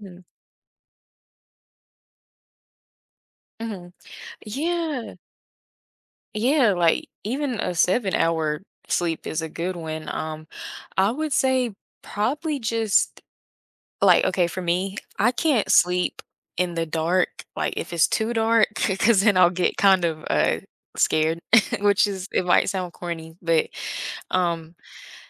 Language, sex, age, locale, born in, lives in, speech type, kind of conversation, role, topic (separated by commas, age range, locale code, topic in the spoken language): English, female, 20-24, en-US, United States, United States, conversation, podcast, guest, What are some practical habits that can help reduce everyday stress?